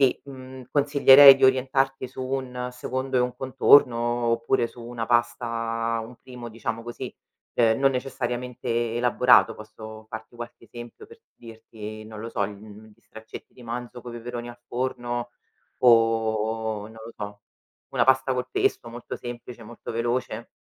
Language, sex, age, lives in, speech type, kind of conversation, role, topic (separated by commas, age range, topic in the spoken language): Italian, female, 35-39, Italy, conversation, advice, advisor, Come posso pianificare pasti sani quando ho poco tempo a disposizione?